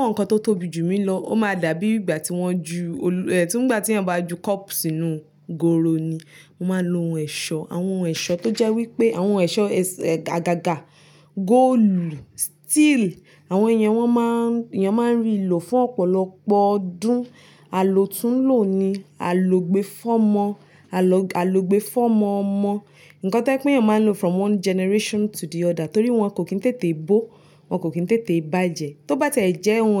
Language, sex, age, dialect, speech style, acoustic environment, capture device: Yoruba, female, 25-34, Nigeria - Nigerian Standard, focused, nature, mobile / Linux / Chrome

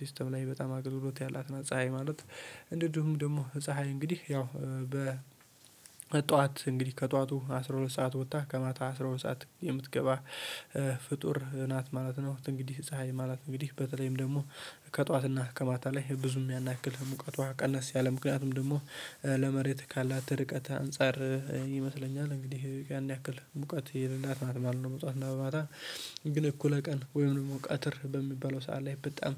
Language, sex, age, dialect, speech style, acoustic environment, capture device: Amharic, male, 18-24, Ethiopia - Addis Ababa, happy; focused, silence; nature, mobile / Linux / Chrome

